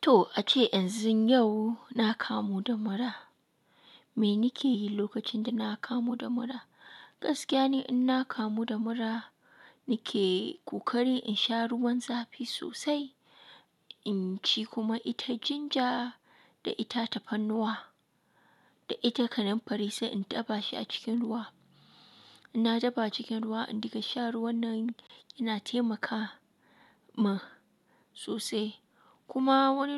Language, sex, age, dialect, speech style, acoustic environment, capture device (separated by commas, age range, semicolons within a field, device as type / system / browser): Hausa, female, 25-34, Nigeria - Katsina, relaxed, nature, mobile / Linux / Chrome